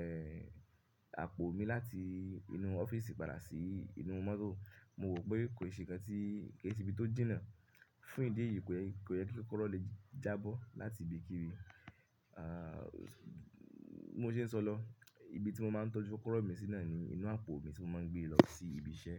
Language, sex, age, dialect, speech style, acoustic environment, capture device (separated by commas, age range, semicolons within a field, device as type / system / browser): Yoruba, male, 25-34, Nigeria - Ibadan, focused, silence; appliances, mobile / Linux / Chrome